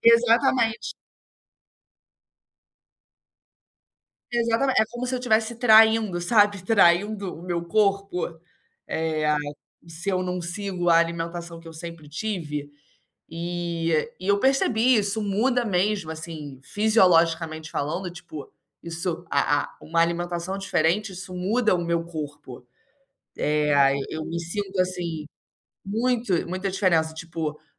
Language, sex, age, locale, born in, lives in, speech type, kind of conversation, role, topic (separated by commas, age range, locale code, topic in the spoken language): Portuguese, female, 25-29, pt-BR, Brazil, Spain, conversation, advice, user, Como posso economizar ao comprar alimentos saudáveis todos os meses?